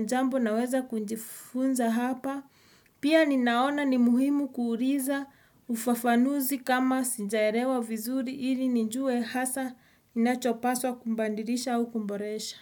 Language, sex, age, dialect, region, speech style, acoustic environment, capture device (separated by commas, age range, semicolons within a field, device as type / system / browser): Swahili, female, 35-44, Kenya - Nairobi Sheng-influenced, Kenya, relaxed, music, desktop / Windows / Edge